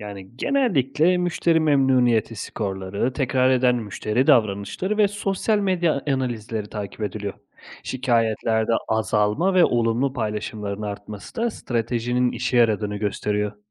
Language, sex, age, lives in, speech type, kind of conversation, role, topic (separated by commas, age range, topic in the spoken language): Turkish, male, 30-34, Italy, read, expert-assistant, expert, Markalar müşteri güvenini nasıl yeniden kazanabilir?